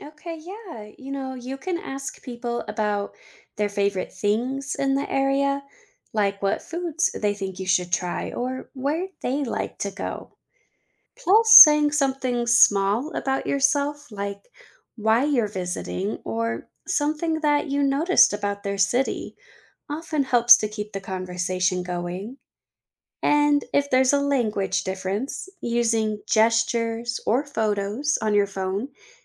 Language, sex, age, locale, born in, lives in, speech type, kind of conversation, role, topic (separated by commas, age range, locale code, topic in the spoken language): English, female, 30-34, en-US, United States, United States, read, expert-assistant, expert, What lessons can be learned from traveling to new places?